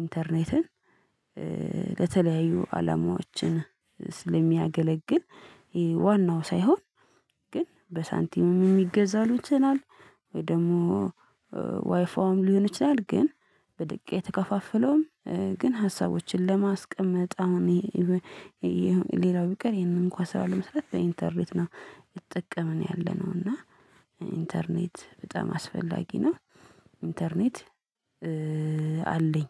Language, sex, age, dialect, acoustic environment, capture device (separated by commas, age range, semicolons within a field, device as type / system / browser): Amharic, female, 25-34, Ethiopia - Addis Ababa, silence, mobile / Linux / Chrome